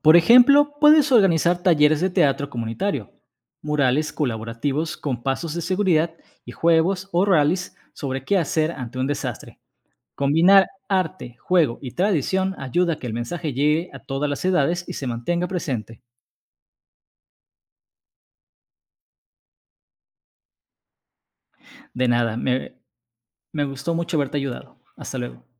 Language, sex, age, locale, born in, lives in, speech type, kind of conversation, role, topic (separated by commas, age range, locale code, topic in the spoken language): Spanish, male, 35-39, es-MX, Mexico, Mexico, read, expert-assistant, expert, ¿Cómo han influido los desastres geológicos como terremotos o volcanes en las costumbres y creencias de diferentes culturas?